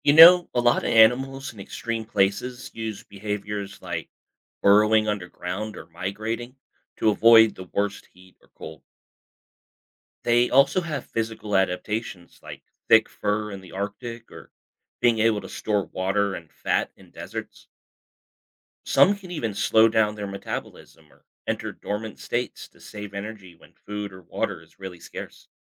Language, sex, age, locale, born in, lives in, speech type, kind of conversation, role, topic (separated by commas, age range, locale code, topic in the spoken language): English, male, 35-39, en-US, United States, United States, read, expert-assistant, expert, How do animals adapt to extreme environments?